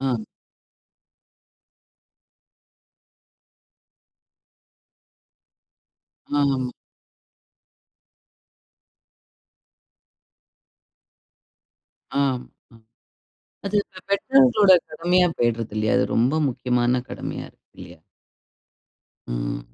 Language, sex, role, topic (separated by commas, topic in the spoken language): Tamil, female, host, சிறுவர்களின் திரை நேரத்திற்கு நீங்கள் எந்த எல்லைகளை அமைத்திருக்கிறீர்கள், அதை எப்படிப் பின்பற்றச் செய்கிறீர்கள்?